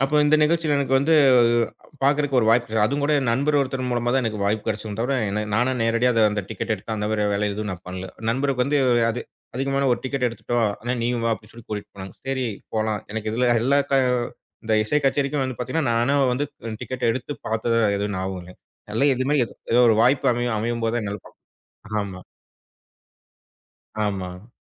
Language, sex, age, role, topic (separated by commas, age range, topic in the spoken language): Tamil, male, 35-39, guest, நேரடி இசை உங்கள் மனநிலையை எப்படி பாதிக்கிறது?